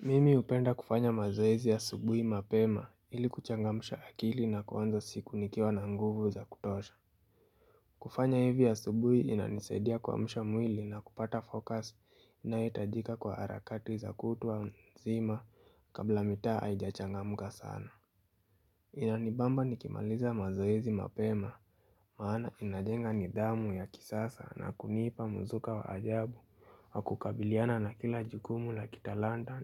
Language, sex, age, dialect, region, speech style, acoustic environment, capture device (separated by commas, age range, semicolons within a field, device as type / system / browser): Swahili, male, 18-24, Kenya - Nairobi Sheng-influenced, Kenya, anxious; happy; excited; focused, silence; appliances, mobile / Linux / Chrome